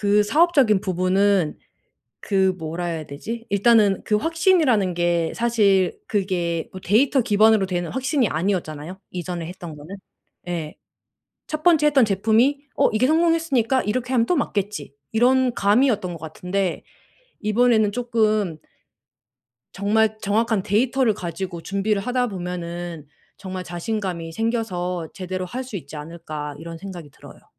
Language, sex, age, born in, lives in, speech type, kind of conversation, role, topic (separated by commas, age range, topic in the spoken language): Korean, female, 35-39, South Korea, Germany, conversation, advice, advisor, 실수나 실패를 두려워하지 않도록 어떻게 마음을 바꿀 수 있나요?